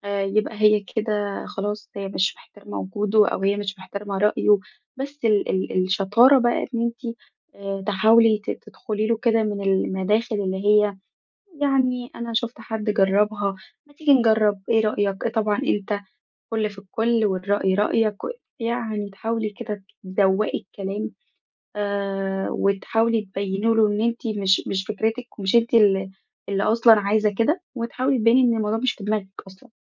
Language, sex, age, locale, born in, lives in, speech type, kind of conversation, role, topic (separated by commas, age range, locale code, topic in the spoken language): Arabic, female, 20-24, ar-EG, Egypt, Egypt, conversation, advice, advisor, إزاي أتكلم مع شريكي عن خططنا للمستقبل بصراحة واحترام؟